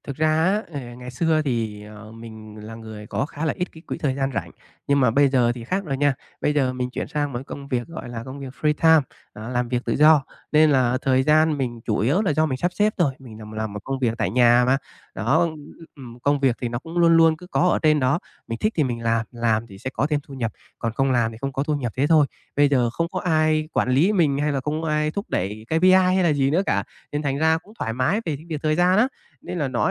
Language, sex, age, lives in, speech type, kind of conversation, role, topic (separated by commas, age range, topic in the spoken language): Vietnamese, male, 25-29, Vietnam, conversation, advice, user, Làm thế nào để theo đuổi đam mê một cách bền vững mà không bị mất động lực?